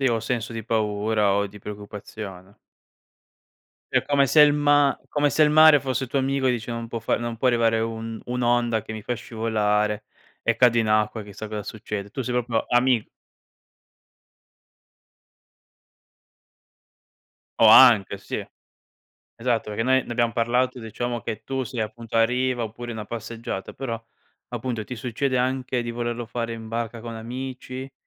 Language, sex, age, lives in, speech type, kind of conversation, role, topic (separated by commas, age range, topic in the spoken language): Italian, male, 25-29, Italy, conversation, podcast, host, Preferisci il mare, il lago o il bosco per meditare?